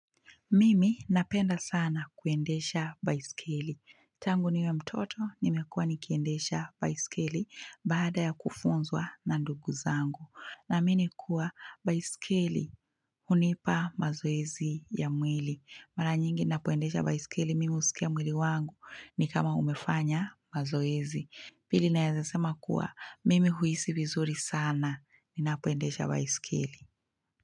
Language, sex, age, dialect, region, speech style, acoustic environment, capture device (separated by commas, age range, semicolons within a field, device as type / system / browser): Swahili, female, 25-34, Kenya - Mombasa, Kenya, happy, music, mobile / Linux / Chrome